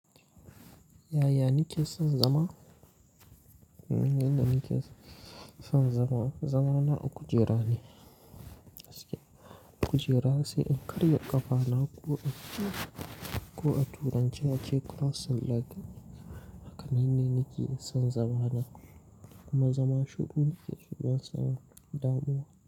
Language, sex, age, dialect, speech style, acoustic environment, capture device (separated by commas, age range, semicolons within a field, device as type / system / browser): Hausa, male, 18-24, Nigeria - Kano, nervous, nature; silence, mobile / Linux / Chrome